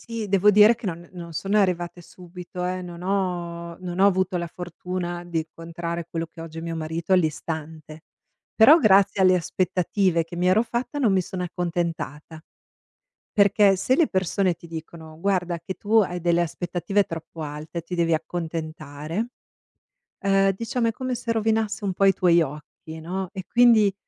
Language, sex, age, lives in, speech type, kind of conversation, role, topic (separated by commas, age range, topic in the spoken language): Italian, female, 45-49, United States, conversation, podcast, guest, Raccontami un film che ti ha cambiato la vita, perché ti ha colpito così tanto?